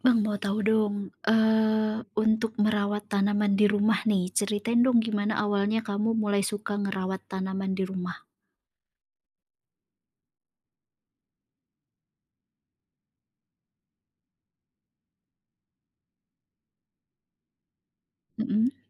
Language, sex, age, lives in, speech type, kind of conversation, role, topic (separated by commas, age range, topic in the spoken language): Indonesian, female, 30-34, Indonesia, conversation, podcast, host, Bagaimana pengalamanmu merawat tanaman di rumah?